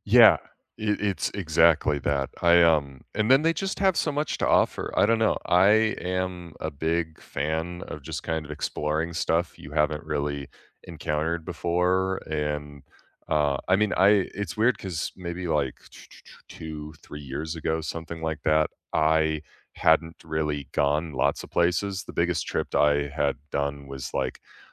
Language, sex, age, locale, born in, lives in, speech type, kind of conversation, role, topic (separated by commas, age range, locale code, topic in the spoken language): English, male, 25-29, en-US, United States, United States, conversation, unstructured, none, Which tourist traps pleasantly surprised you, and what made them worth it?